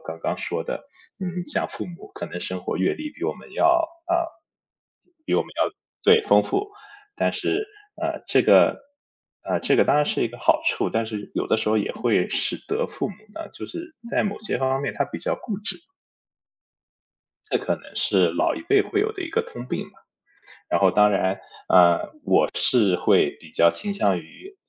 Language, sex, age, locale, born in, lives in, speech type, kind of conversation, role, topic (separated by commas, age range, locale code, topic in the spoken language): Chinese, male, 30-34, zh-CN, China, United States, conversation, podcast, guest, 当你和长辈意见不合时，怎么才能既尊重对方又坚持自己的界限？